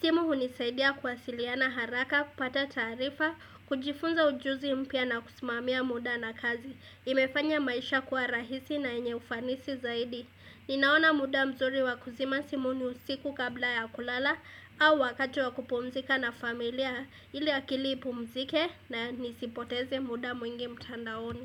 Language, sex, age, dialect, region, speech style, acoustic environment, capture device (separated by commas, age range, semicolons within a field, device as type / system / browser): Swahili, female, 18-24, Kenya - Mombasa, Kenya, happy, silence, desktop / Windows / Edge